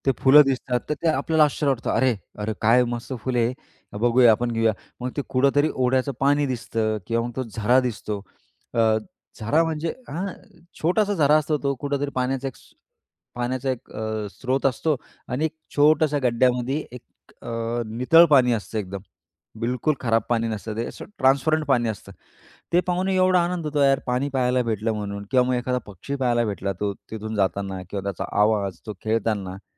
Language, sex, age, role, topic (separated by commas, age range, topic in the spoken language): Marathi, male, 35-39, guest, निसर्गात वेळ घालवल्यावर तुमच्या भावनांमध्ये कोणते बदल जाणवतात?